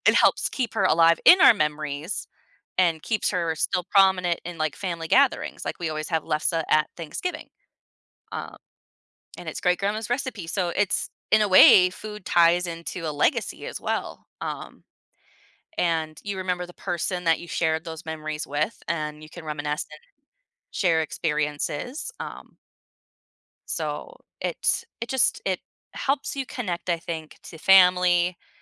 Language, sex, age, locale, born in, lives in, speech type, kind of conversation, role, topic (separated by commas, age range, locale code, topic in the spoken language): English, female, 30-34, en-US, United States, United States, conversation, podcast, guest, Why do certain foods bring back strong memories and emotions?